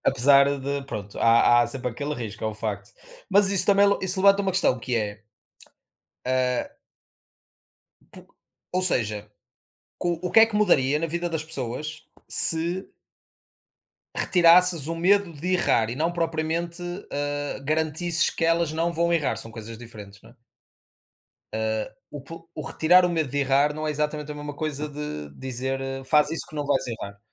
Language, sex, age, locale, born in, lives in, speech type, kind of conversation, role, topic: Portuguese, male, 30-34, pt-PT, Portugal, Portugal, conversation, unstructured, none, O que você faria se soubesse que não poderia falhar?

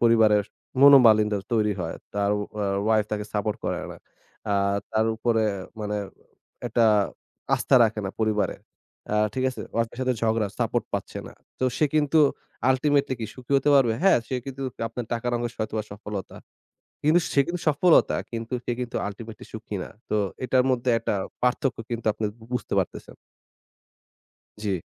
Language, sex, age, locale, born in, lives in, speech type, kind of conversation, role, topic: Bengali, male, 20-24, bn-BD, Bangladesh, Bangladesh, conversation, podcast, guest, সুখ আর সফলতার মধ্যে সম্পর্কটাকে আপনি কীভাবে দেখেন?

